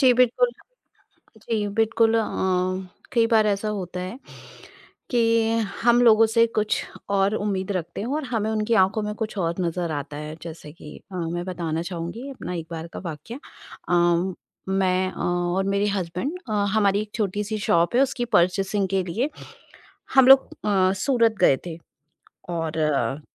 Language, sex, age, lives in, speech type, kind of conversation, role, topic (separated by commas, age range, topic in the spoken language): Hindi, female, 40-44, India, conversation, podcast, guest, Aankhon se aap kisi ka mood kaise samajhte ho?